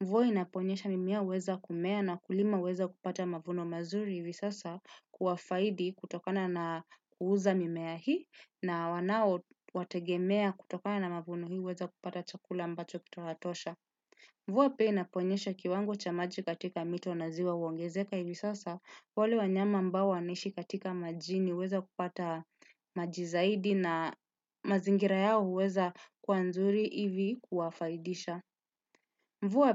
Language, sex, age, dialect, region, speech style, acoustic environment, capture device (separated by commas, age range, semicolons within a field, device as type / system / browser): Swahili, male, 18-24, Kenya - Nairobi Sheng-influenced, Kenya, relaxed, silence, desktop / Windows / Chrome